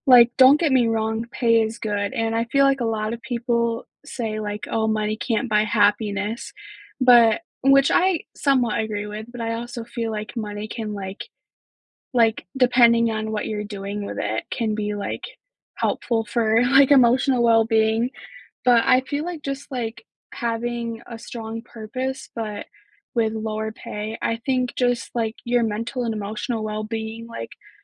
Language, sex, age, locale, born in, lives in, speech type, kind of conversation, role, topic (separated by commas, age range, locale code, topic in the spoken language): English, female, 18-19, en-US, United States, United States, conversation, unstructured, none, Would you rather work for a company with a strong mission but low pay, or for one that is profitable but lacks purpose?